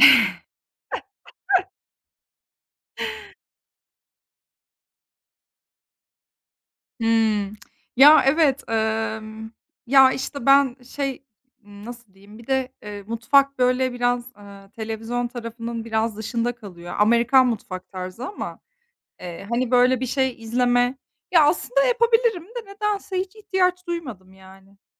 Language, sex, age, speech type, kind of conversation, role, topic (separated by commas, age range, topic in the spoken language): Turkish, female, 30-34, conversation, unstructured, none, Yemek yaparken bazen neden sinirlerine hâkim olamıyorsun?